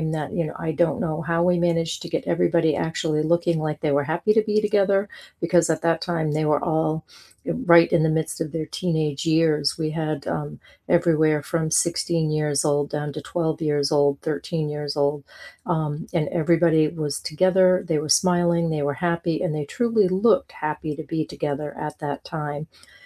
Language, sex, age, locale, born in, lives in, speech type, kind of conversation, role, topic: English, female, 55-59, en-US, United States, United States, conversation, unstructured, none, What’s the story behind your favorite photo on your phone?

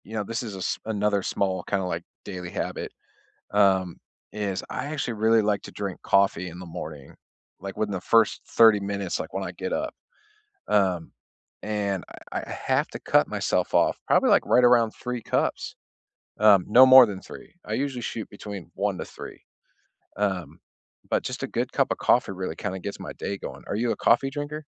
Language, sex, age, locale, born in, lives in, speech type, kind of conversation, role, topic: English, male, 35-39, en-US, United States, United States, conversation, unstructured, none, What small daily habits make you feel your best?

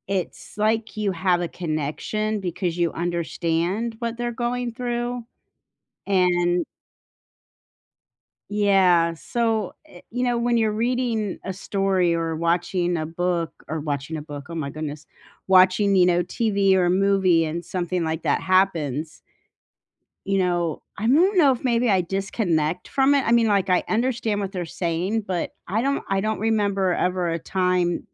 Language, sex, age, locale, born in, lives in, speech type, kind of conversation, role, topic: English, female, 55-59, en-US, United States, United States, conversation, unstructured, none, How do sad moments in stories teach us about real life?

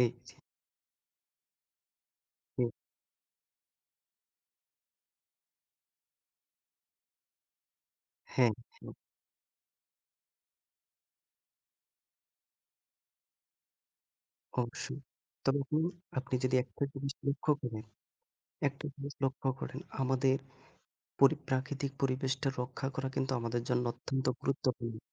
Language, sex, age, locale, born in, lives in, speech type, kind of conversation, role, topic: Bengali, male, 25-29, bn-BD, Bangladesh, Bangladesh, conversation, unstructured, none, পরিবেশ রক্ষায় ছোট ছোট কাজ কীভাবে সাহায্য করে?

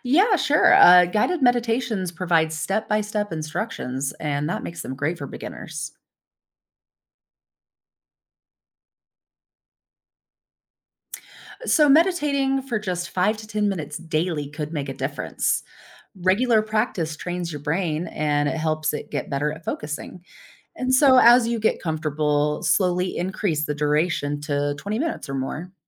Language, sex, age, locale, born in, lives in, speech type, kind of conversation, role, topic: English, female, 35-39, en-US, United States, United States, read, expert-assistant, expert, How can meditation improve focus and concentration?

